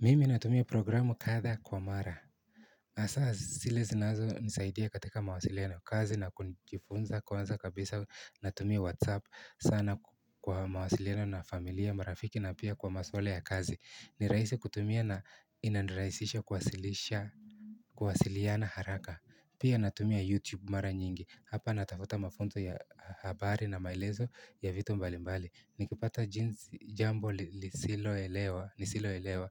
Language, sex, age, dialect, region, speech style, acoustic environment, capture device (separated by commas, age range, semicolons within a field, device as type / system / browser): Swahili, male, 18-24, Kenya - Nairobi Sheng-influenced, Kenya, happy, silence, mobile / Linux / Chrome